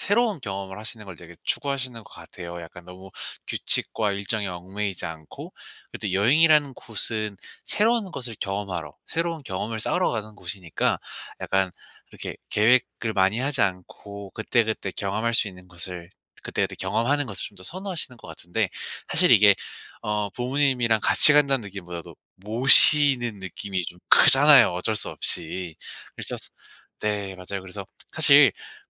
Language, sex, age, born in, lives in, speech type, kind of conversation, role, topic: Korean, male, 25-29, South Korea, South Korea, conversation, advice, advisor, 어떻게 하면 여행 중 예상치 못한 문제에 잘 대처할 수 있을까요?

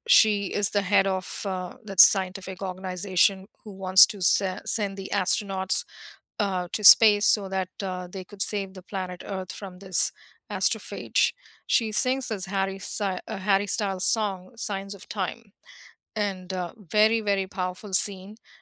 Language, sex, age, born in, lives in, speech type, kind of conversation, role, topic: English, female, 50-54, India, United States, conversation, unstructured, none, Which movie soundtracks made the film unforgettable for you and still stick with you today?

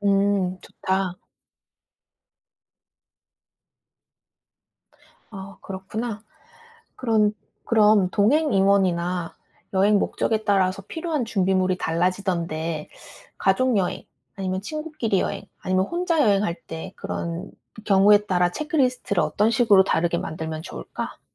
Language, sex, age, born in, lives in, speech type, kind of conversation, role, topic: Korean, female, 30-34, South Korea, United States, read, expert-assistant, asker, 여행 계획을 더 효율적으로 세우려면 어떤 습관이나 방법이 도움이 될까요?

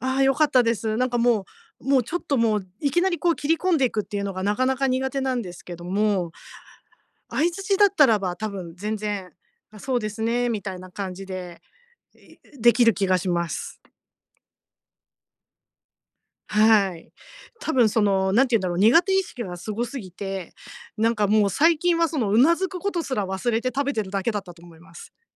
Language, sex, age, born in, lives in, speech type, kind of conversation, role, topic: Japanese, female, 50-54, Japan, Japan, conversation, advice, user, 大人数の集まりで楽に過ごすにはどうすればいいですか？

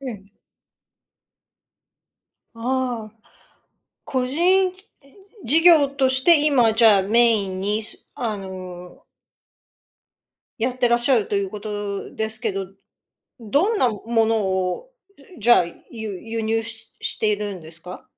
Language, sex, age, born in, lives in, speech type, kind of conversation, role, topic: Japanese, female, 55-59, Japan, United States, conversation, podcast, host, 今の仕事に就くまで、どのような経緯がありましたか?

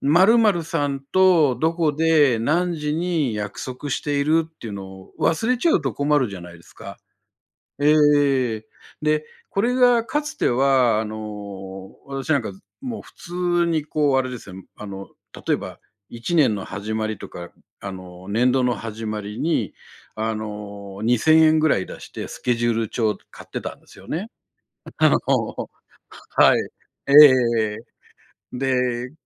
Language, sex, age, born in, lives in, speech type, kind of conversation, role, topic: Japanese, male, 60-64, Japan, Japan, conversation, podcast, guest, 便利なアプリの中で、これだけは手放せないものは何ですか？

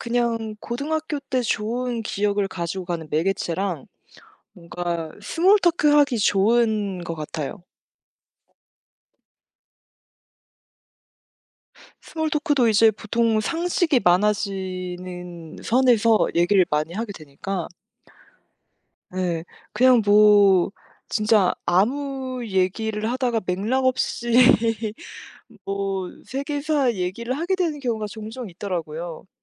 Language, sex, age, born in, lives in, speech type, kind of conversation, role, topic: Korean, female, 25-29, South Korea, France, conversation, unstructured, none, 학교에서 가장 기억에 남는 수업은 무엇인가요?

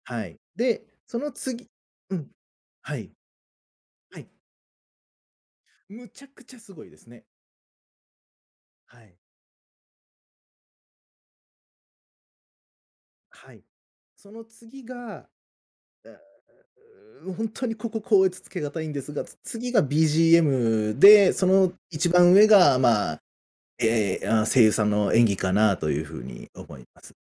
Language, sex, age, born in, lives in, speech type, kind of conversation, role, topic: Japanese, male, 35-39, Japan, Japan, conversation, podcast, guest, あなたの好きなアニメが特別だと感じるのは、なぜですか？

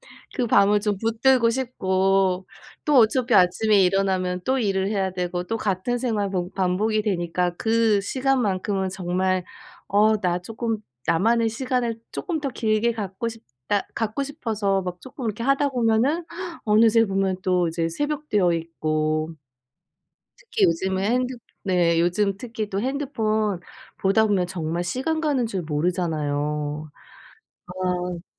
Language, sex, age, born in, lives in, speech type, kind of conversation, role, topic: Korean, female, 40-44, South Korea, United States, conversation, advice, advisor, 짧은 낮잠이 집중력과 생산성을 높이는 데 도움이 될까요?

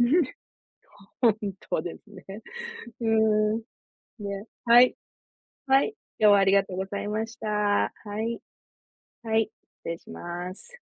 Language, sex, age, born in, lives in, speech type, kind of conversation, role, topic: Japanese, female, 50-54, Japan, United States, conversation, unstructured, none, 食べ物の好き嫌いが原因で家族とケンカしたことはありますか？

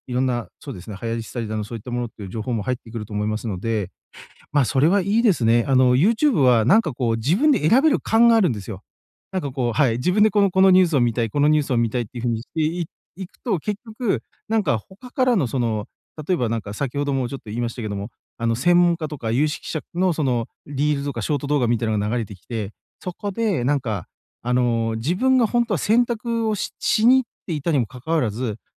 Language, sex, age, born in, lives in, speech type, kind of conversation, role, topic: Japanese, male, 40-44, Japan, Japan, conversation, advice, user, 寝る前の画面を見る時間を減らすにはどうすればいいですか？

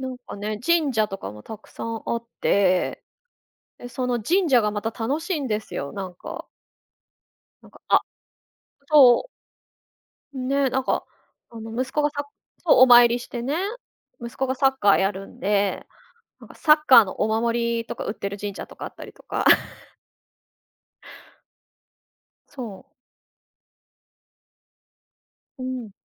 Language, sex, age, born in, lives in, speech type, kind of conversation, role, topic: Japanese, female, 35-39, Japan, Japan, conversation, podcast, guest, 旅先で食べて感動した料理は何ですか？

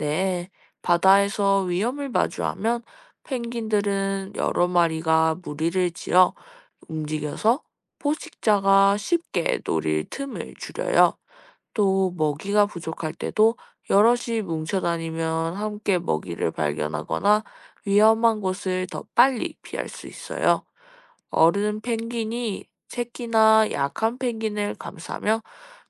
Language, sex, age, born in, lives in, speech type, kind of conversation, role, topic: Korean, female, 20-24, South Korea, Japan, read, expert-assistant, expert, 왜 펭귄은 날지 못할까요?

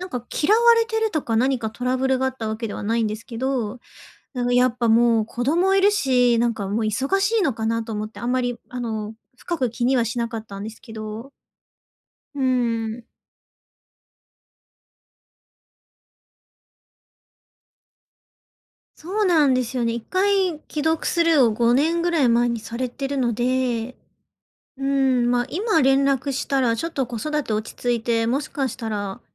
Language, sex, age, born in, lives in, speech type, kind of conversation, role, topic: Japanese, female, 30-34, Japan, Japan, conversation, advice, user, 友情が変わって寂しいとき、どう受け入れたらいいですか？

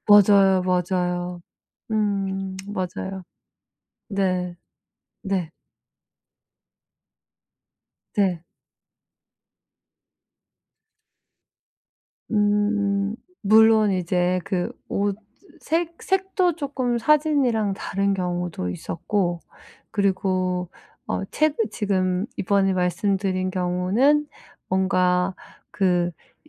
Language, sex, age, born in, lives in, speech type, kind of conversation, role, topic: Korean, female, 40-44, South Korea, United States, conversation, advice, user, 온라인 쇼핑에서 믿을 만한 제품을 어떻게 찾을 수 있을까요?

